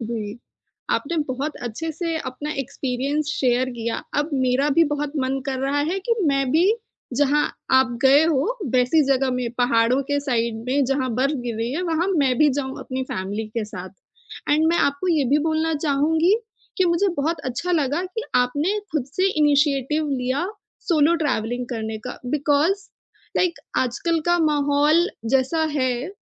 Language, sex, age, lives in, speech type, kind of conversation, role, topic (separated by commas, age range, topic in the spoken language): Hindi, female, 25-29, India, conversation, podcast, host, Solo trip ka sabse yaadgar pal tumhare liye kya tha?